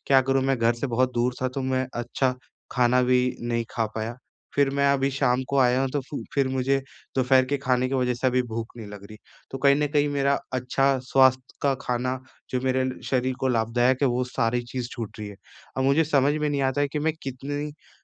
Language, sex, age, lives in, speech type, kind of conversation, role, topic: Hindi, male, 20-24, India, conversation, advice, user, मैं प्रसंस्कृत भोजन की मात्रा कैसे घटा सकता/सकती हूँ?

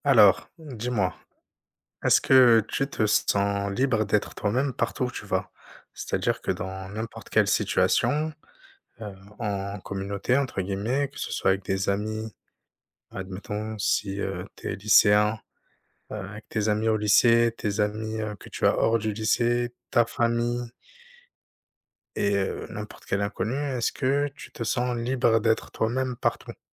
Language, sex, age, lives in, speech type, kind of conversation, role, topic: French, male, 30-34, France, conversation, unstructured, none, Est-ce que tu te sens libre d’être toi-même partout où tu vas ?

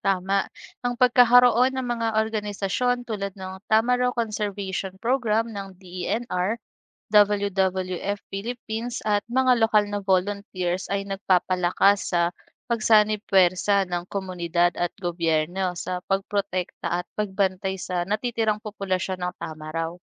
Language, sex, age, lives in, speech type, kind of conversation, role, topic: Filipino, female, 25-29, Philippines, read, expert-assistant, expert, Ano ang mga paraan ng pag-aalaga sa mga endangered species?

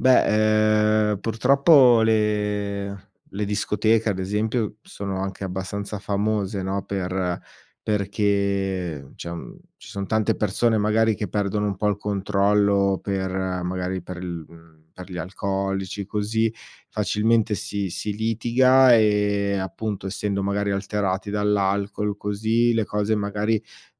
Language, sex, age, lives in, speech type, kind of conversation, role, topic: Italian, male, 40-44, Italy, conversation, podcast, guest, Che ruolo hanno le feste nel tenere unite le persone?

